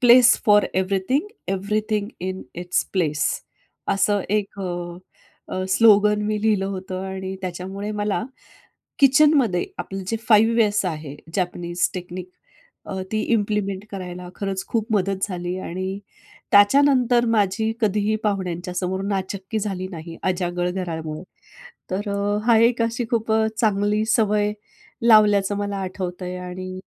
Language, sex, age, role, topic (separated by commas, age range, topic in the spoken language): Marathi, female, 45-49, guest, नवी सवय लावण्यासाठी नेमकं काय करायला हवं?